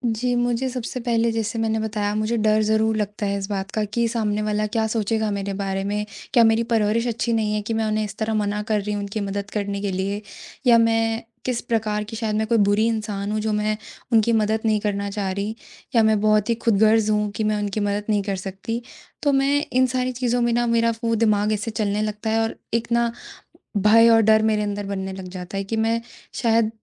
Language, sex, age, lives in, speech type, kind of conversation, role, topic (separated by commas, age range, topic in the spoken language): Hindi, female, 20-24, India, conversation, advice, user, मुझे अपनी सीमाएँ स्पष्ट रूप से बताने में कठिनाई क्यों होती है?